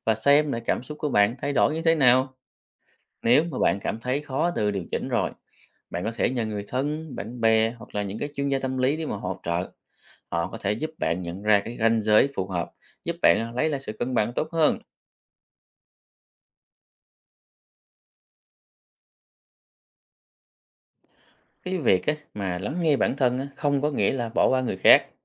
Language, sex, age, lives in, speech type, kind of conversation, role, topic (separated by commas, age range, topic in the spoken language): Vietnamese, male, 30-34, Vietnam, read, expert-assistant, expert, Ý nghĩa của việc biết lắng nghe bản thân?